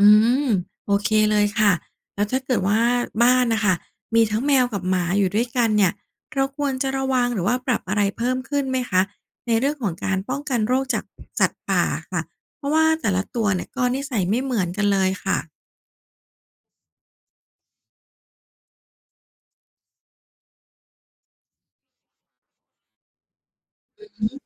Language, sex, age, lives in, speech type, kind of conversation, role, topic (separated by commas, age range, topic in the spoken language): Thai, female, 40-44, Thailand, read, expert-assistant, asker, มีวิธีป้องกันไม่ให้แมวหรือสุนัขบ้านติดโรคจากสัตว์ป่าอย่างไรบ้าง? มีกลยุทธ์อะไรบ้างที่สามารถใช้ในชีวิตประจำวันได้จริง